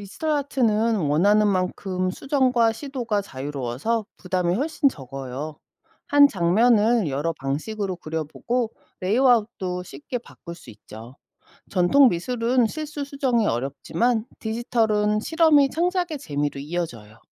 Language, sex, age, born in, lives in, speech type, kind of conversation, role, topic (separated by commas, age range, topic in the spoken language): Korean, female, 45-49, South Korea, United States, read, expert-assistant, expert, 디지털 아트와 전통 미술, 각각의 장단점은 어떻게 다를까요?